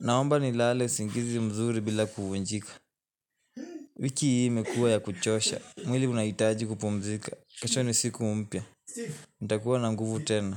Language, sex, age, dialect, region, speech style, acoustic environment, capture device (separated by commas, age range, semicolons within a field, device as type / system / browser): Swahili, male, 18-24, Kenya - Nairobi Sheng-influenced, Kenya, relaxed, people talking, mobile / Linux / Chrome